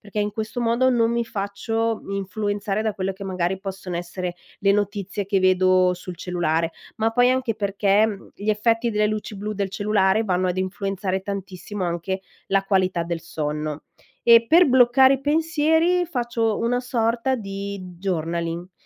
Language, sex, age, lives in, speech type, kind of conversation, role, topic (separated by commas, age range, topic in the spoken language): Italian, female, 45-49, Italy, conversation, podcast, guest, Cosa ti aiuta di più a dormire bene quando sei stressato?